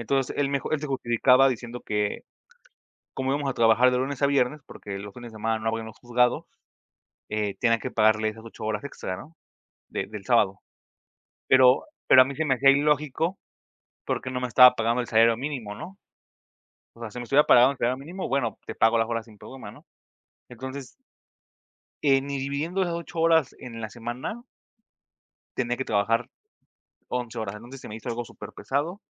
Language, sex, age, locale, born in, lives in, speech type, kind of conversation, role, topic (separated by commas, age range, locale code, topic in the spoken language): Spanish, male, 25-29, es-MX, Mexico, Mexico, conversation, unstructured, none, ¿Crees que es justo trabajar horas extra sin pago adicional?